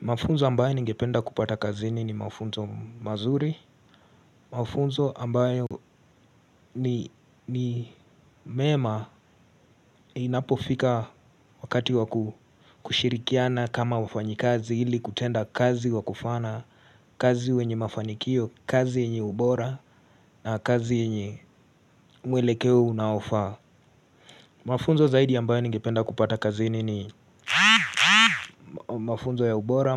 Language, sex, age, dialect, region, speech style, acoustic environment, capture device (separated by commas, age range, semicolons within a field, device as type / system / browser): Swahili, male, 18-24, Kenya - Nairobi Sheng-influenced, Kenya, focused, silence, mobile / Linux / Chrome